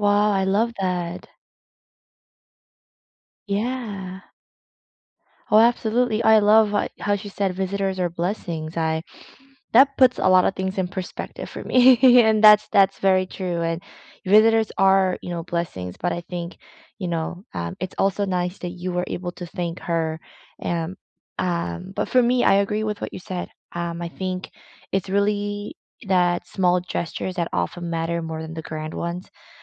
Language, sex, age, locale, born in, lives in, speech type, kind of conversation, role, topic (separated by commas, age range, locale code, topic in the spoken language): English, female, 30-34, en-CA, South Korea, Canada, conversation, unstructured, none, What’s a small act of kindness you’ll never forget, and what made it meaningful to you?